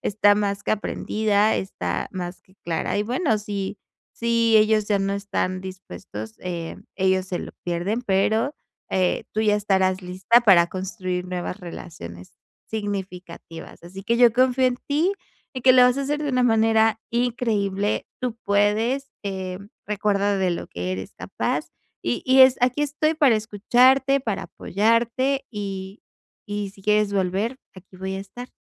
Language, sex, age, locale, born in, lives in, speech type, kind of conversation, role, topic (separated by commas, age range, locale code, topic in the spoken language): Spanish, female, 25-29, es-MX, Mexico, Mexico, conversation, advice, advisor, ¿Cómo puedo construir relaciones más profundas y duraderas?